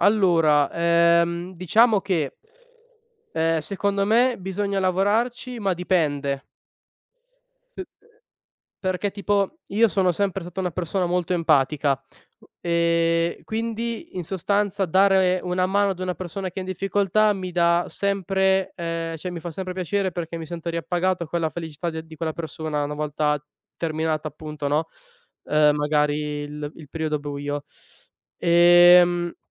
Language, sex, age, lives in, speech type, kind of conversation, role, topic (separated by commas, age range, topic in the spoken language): Italian, male, 20-24, Italy, conversation, podcast, guest, Qual è il ruolo dell’ascolto attivo nel ricucire un rapporto?